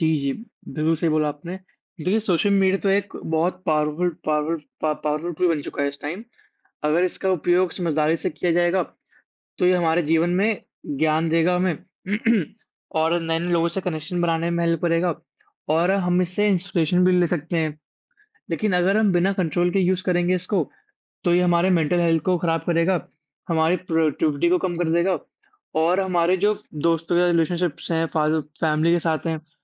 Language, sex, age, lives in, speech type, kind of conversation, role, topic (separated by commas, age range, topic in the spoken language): Hindi, male, 18-19, India, conversation, unstructured, none, आपके जीवन पर सामाजिक माध्यमों का क्या प्रभाव पड़ता है?